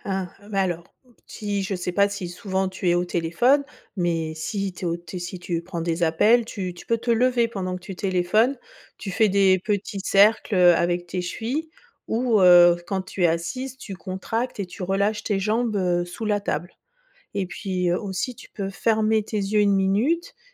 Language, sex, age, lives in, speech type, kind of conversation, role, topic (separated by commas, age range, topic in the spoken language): French, female, 45-49, France, read, expert-assistant, expert, Quels nouveaux gestes du quotidien pourraient vraiment faire la différence pour prévenir les soucis de santé ?